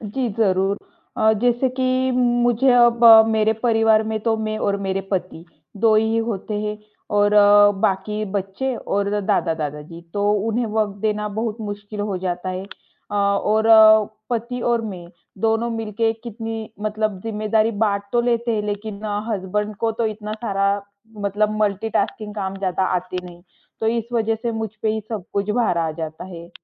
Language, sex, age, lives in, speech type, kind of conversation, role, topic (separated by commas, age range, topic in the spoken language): Hindi, female, 25-29, India, conversation, advice, user, क्या बच्चों या बुजुर्गों की देखभाल करते समय आपको लगातार तनाव महसूस होता है?